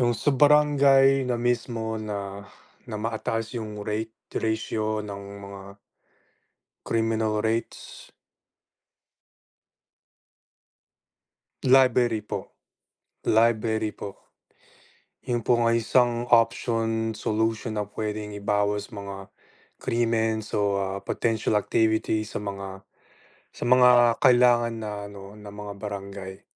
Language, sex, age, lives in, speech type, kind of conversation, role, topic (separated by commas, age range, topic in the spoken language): Filipino, male, 25-29, United States, conversation, unstructured, none, Ano ang dapat gawin upang mabawasan ang krimen sa ating mga barangay?